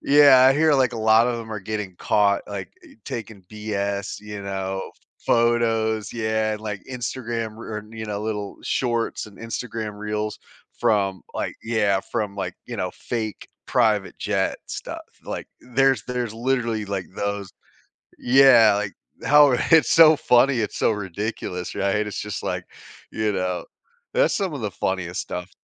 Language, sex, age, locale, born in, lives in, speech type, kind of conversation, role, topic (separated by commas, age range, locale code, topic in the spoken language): English, male, 40-44, en-US, United States, United States, conversation, unstructured, none, Would you rather live in a world without technology or in a world without nature?